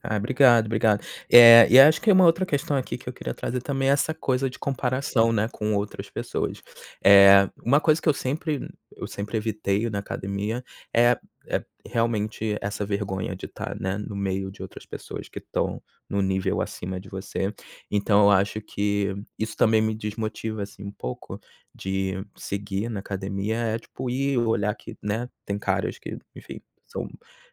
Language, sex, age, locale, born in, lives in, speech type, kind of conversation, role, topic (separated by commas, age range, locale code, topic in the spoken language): Portuguese, male, 30-34, pt-BR, Brazil, United States, conversation, advice, user, Como lidar com a perda de motivação quando você não vê resultados?